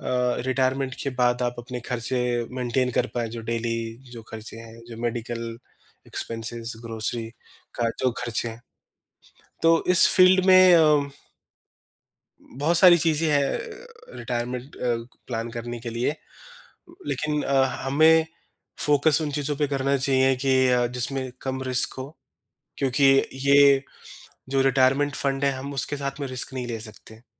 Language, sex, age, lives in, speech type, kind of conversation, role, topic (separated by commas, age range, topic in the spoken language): Hindi, male, 30-34, India, conversation, advice, advisor, आपने रिटायरमेंट के लिए बचत शुरू क्यों नहीं की है?